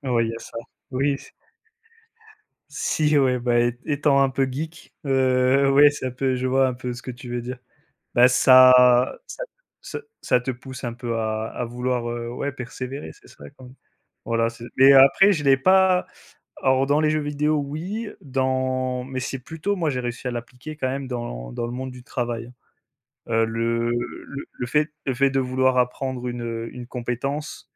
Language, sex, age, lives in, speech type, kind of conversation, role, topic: French, male, 25-29, France, conversation, unstructured, none, Qu’est-ce qui te donne envie d’apprendre chaque jour ?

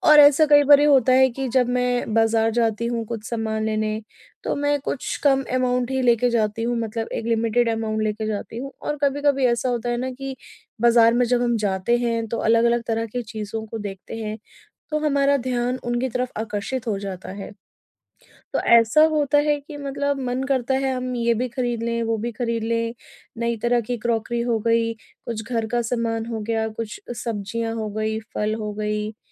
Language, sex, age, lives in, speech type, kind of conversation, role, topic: Hindi, female, 30-34, India, conversation, advice, user, बजट में अच्छा खरीदना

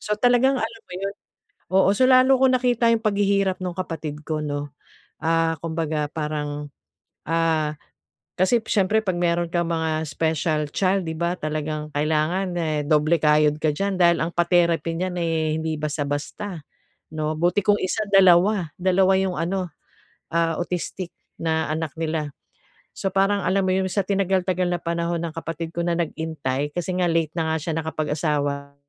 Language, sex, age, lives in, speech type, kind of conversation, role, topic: Filipino, female, 45-49, Philippines, conversation, advice, user, Paano namin maaayos ang ugnayan namin bilang magkakapatid kapag may alitan?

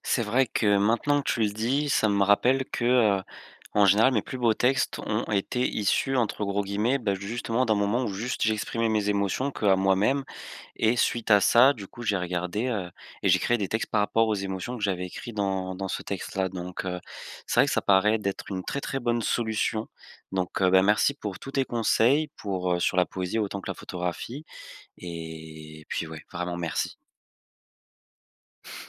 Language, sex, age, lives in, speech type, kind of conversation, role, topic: French, male, 20-24, France, conversation, advice, user, Comment puis-je débloquer ma créativité et mieux exprimer mes émotions ?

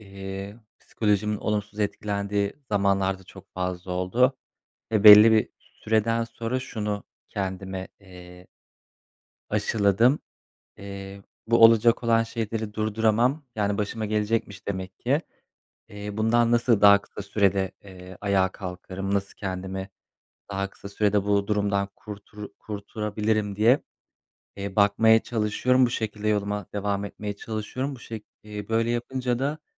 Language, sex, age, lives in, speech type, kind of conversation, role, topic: Turkish, male, 25-29, Poland, conversation, unstructured, none, Hayatında seni en çok değiştiren deneyim neydi?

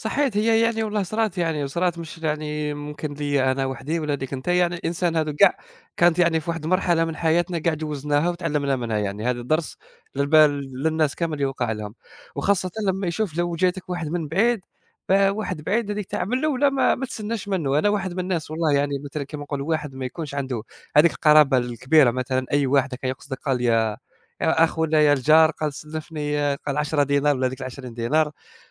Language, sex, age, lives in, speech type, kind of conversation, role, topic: Arabic, male, 20-24, Germany, conversation, unstructured, none, هل بتحس إن الفلوس ممكن تعمل مشاكل في العلاقات الشخصية؟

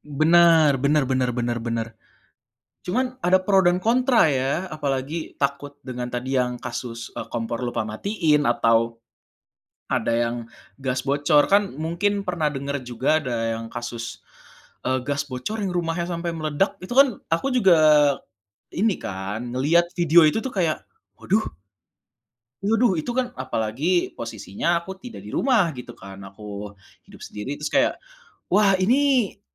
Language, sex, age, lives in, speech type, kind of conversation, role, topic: Indonesian, male, 25-29, Indonesia, conversation, podcast, host, Bagaimana kamu menyeimbangkan keluarga dan karier?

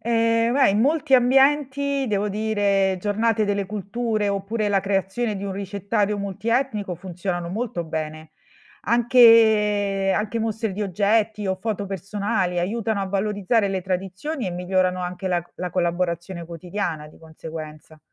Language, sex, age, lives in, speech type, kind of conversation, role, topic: Italian, female, 45-49, Italy, read, expert-assistant, expert, Cosa cambierebbe nella tua vita quotidiana se dovessi seguire i riti di una religione diversa dalla tua per una settimana?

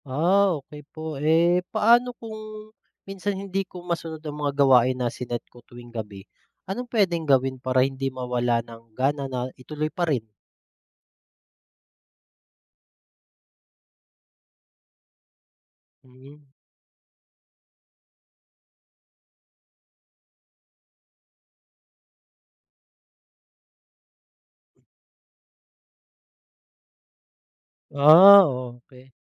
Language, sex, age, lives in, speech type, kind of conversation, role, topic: Filipino, male, 30-34, Philippines, read, expert-assistant, asker, Ano ang mga paraan upang mapanatili ang disiplina sa sarili?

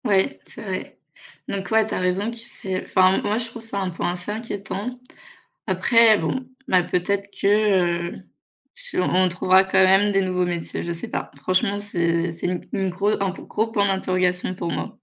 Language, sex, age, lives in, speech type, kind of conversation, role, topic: French, female, 25-29, France, conversation, unstructured, none, Quelle invention aimerais-tu voir apparaître dans le futur ?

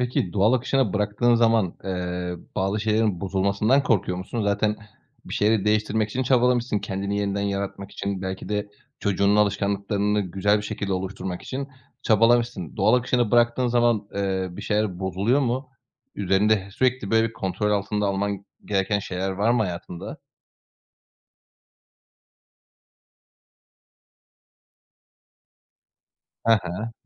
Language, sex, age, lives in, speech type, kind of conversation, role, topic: Turkish, male, 30-34, Bulgaria, conversation, podcast, host, Kendini yeniden inşa ederken hangi alışkanlıklarını değiştirdin?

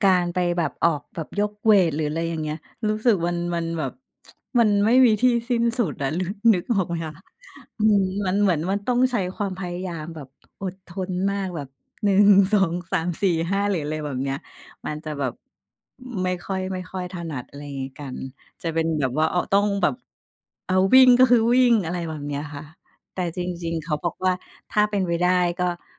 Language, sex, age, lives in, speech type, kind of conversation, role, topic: Thai, female, 45-49, Thailand, conversation, unstructured, none, คุณรักษาสมดุลในการออกกำลังกายในชีวิตประจำวันอย่างไร?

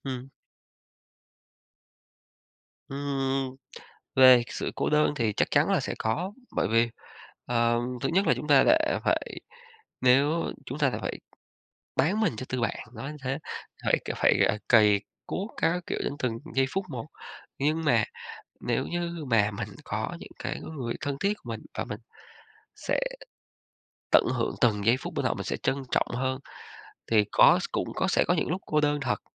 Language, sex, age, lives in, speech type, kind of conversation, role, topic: Vietnamese, male, 35-39, Vietnam, conversation, podcast, guest, Thế giới giả tưởng nào bạn muốn thử sống nhất?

